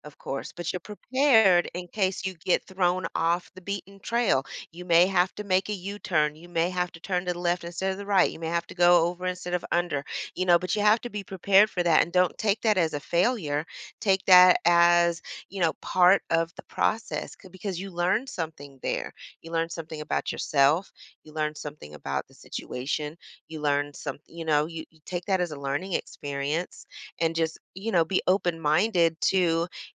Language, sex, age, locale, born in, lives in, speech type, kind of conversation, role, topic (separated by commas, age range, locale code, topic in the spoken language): English, female, 45-49, en-US, United States, United States, conversation, podcast, host, Can you tell me about a time you struggled but kept learning?